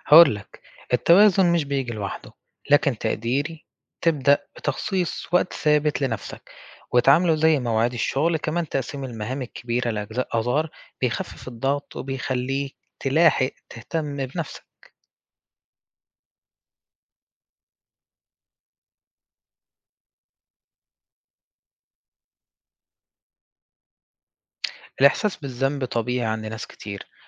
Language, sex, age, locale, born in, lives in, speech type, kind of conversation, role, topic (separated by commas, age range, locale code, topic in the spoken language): Arabic, male, 20-24, ar-EG, Egypt, Egypt, read, expert-assistant, expert, إزاي أقدر أوازن بين شغلي وحياتي الشخصية من غير ما أتعب نفسيتي؟